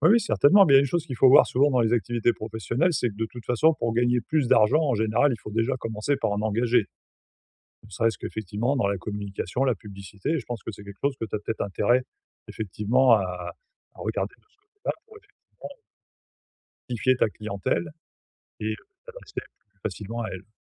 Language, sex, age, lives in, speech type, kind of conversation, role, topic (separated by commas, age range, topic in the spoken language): French, male, 45-49, France, conversation, advice, advisor, Comment épargner pour l’avenir tout en profitant du présent ?